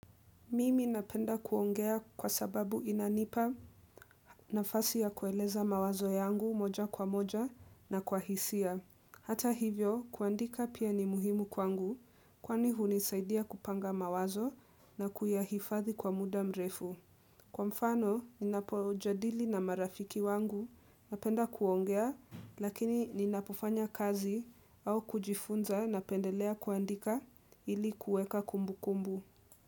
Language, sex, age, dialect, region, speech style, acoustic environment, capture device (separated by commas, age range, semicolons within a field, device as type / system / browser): Swahili, female, 18-24, Kenya - Nairobi Sheng-influenced, Kenya, relaxed, nature, desktop / Windows / Edge